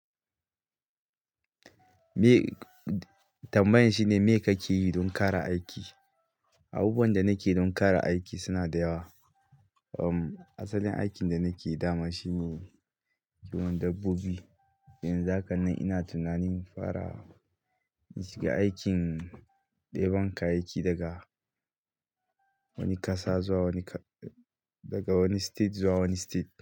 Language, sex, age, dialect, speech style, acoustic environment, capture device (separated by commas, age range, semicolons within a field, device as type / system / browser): Hausa, male, 25-34, Nigeria - Katsina, happy, silence, mobile / Linux / Chrome